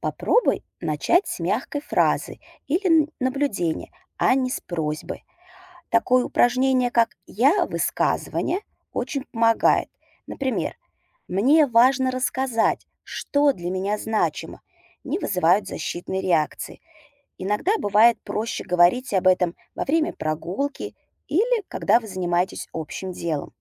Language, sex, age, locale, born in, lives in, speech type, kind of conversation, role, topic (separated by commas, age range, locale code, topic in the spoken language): Russian, female, 35-39, ru-RU, Russia, United States, read, expert-assistant, expert, Как сохранять индивидуальность и личные интересы, оставаясь в крепких отношениях?